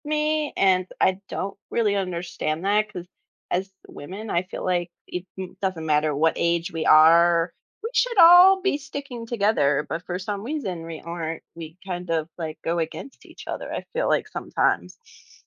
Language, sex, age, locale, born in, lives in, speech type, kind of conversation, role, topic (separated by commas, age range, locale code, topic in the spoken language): English, female, 35-39, en-US, United States, United States, conversation, podcast, guest, How do different skills contribute to success in various careers?